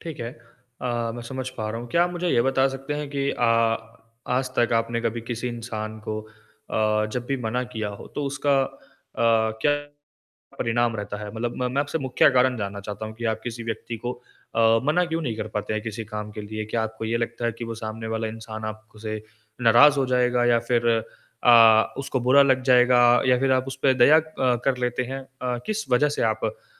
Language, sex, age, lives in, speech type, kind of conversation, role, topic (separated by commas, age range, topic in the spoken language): Hindi, male, 20-24, India, conversation, advice, advisor, मैं अपनी सीमाएँ कैसे स्पष्ट रूप से तय करूँ और अनियंत्रित कारकों को कैसे छोड़ दूँ?